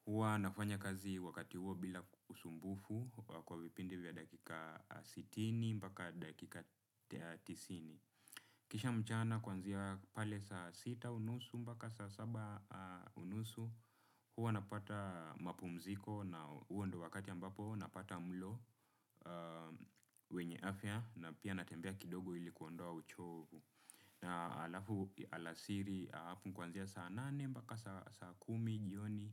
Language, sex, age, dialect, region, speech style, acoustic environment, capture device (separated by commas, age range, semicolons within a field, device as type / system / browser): Swahili, male, 25-34, Kenya - Nairobi Sheng-influenced, Kenya, relaxed, silence; nature; appliances, desktop / Windows / Chrome